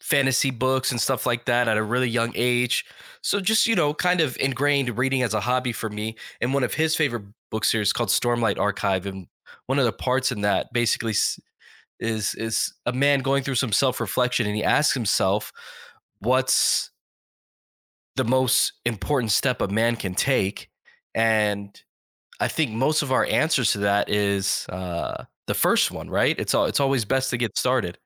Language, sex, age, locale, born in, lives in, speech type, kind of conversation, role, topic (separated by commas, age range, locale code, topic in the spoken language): English, male, 35-39, en-US, United States, United States, conversation, podcast, guest, How has meaningful advice shaped your life and choices?